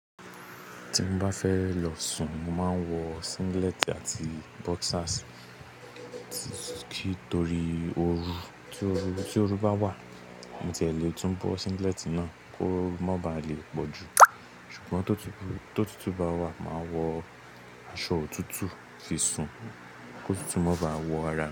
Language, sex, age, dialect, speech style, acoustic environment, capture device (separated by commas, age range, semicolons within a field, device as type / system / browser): Yoruba, male, 18-24, Nigeria - Lagos Yoruba, focused, nature, mobile / Linux / Chrome